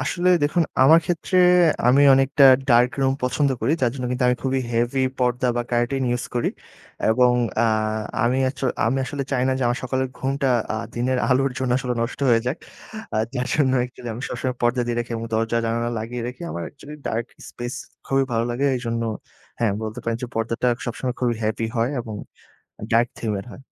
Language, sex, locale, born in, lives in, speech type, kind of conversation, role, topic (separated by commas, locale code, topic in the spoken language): Bengali, male, bn-BD, Bangladesh, Bangladesh, conversation, podcast, guest, ভাড়া বাড়িতে আপনি কীভাবে নিজের মতো করে ঘরটা সাজিয়ে নেন?